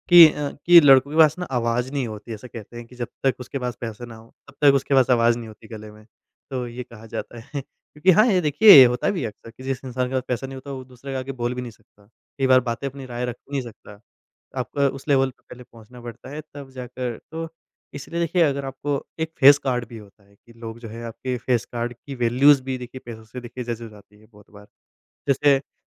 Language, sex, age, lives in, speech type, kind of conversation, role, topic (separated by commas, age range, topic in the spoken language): Hindi, male, 25-29, India, conversation, podcast, guest, पैसों के मामले में अभी खर्च या भविष्य की बचत—आप क्या चुनते हैं?